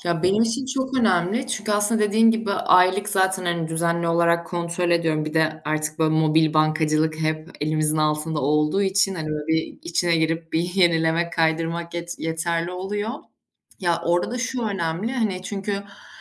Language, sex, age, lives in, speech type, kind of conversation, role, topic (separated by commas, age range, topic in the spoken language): Turkish, female, 25-29, Germany, conversation, unstructured, none, Para biriktirmek için günlük hayatında yaptığın küçük değişiklikler nelerdir?